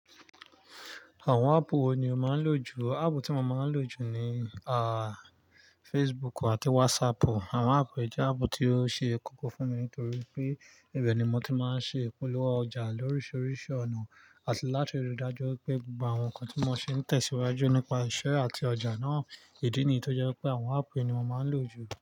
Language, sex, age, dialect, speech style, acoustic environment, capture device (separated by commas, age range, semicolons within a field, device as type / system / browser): Yoruba, male, 25-34, Nigeria - Nigerian Standard, focused, nature, mobile / Linux / Chrome